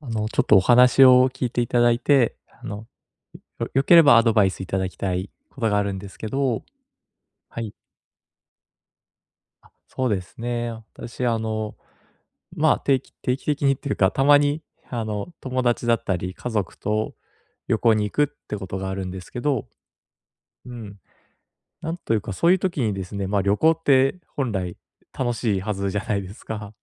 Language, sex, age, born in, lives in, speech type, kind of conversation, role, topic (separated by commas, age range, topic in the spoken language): Japanese, male, 30-34, Japan, Japan, conversation, advice, user, 旅行中の不安や緊張を軽減して、もっとリラックスするにはどうすればよいですか？